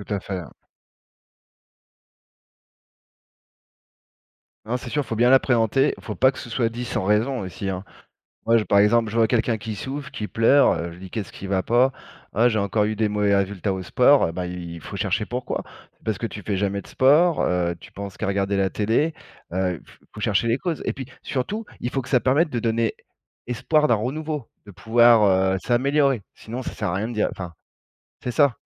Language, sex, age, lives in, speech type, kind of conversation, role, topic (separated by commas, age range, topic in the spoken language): French, male, 40-44, France, conversation, unstructured, none, Est-il toujours important de dire la vérité, même si cela fait mal ?